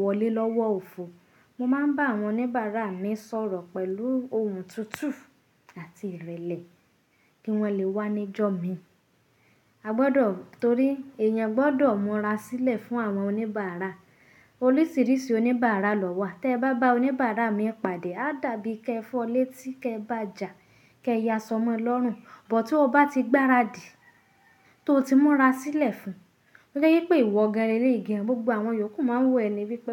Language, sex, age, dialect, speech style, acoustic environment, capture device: Yoruba, female, 25-34, Nigeria - Nigerian Standard, happy; focused; anxious; stressed, silence, mobile / Linux / Chrome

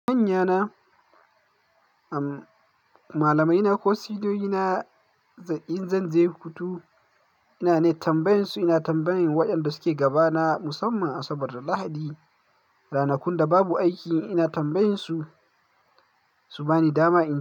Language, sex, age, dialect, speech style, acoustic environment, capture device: Hausa, male, 18-24, Nigeria - Kano, happy; focused, nature; silence, mobile / Linux / Chrome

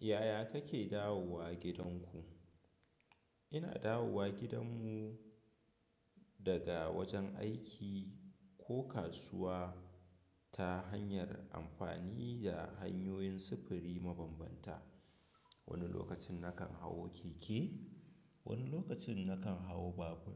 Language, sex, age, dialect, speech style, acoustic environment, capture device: Hausa, male, 25-34, Nigeria - Kano, happy, silence, desktop / Windows / Chrome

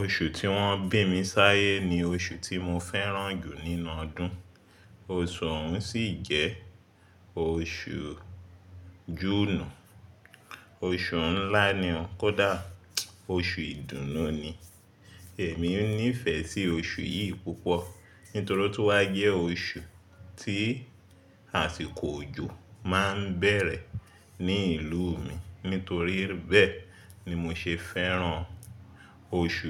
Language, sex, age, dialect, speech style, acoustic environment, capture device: Yoruba, male, 25-34, Nigeria - Lagos Yoruba, happy, silence, mobile / Linux / Chrome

